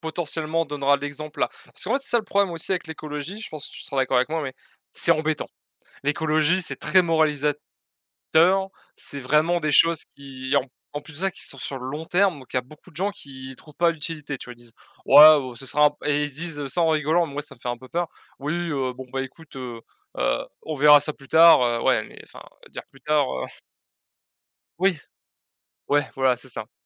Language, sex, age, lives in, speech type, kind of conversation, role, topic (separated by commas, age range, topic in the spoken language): French, male, 20-24, France, conversation, unstructured, none, Comment les petites actions peuvent-elles aider la planète ?